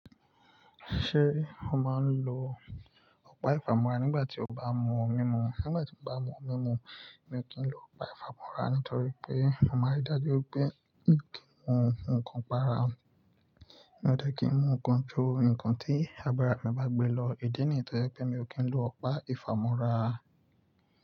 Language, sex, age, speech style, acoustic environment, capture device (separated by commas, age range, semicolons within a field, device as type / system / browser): Yoruba, male, 25-34, relaxed, nature, mobile / Linux / Chrome